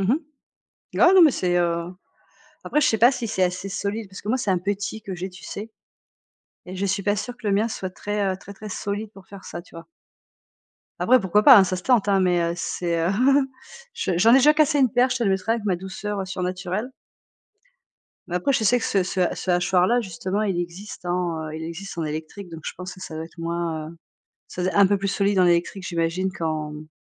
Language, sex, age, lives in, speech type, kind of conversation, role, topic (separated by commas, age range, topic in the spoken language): French, female, 45-49, France, conversation, unstructured, none, Comment la technologie a-t-elle transformé votre façon de cuisiner ?